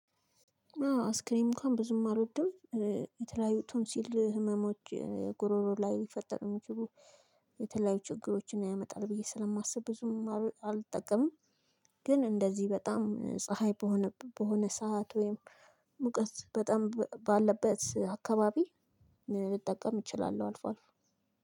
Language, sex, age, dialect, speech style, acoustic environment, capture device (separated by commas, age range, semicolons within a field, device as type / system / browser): Amharic, female, 25-34, Ethiopia - Addis Ababa, excited; happy, silence, mobile / Linux / Chrome